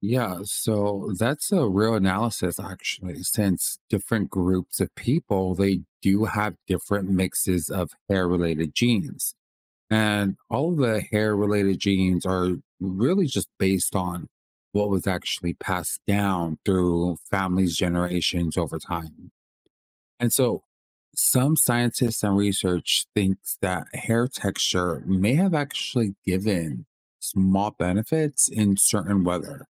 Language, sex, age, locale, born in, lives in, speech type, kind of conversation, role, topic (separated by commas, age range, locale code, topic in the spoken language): English, male, 30-34, en-US, United States, United States, read, expert-assistant, expert, Why do some people have curly hair while others have straight hair?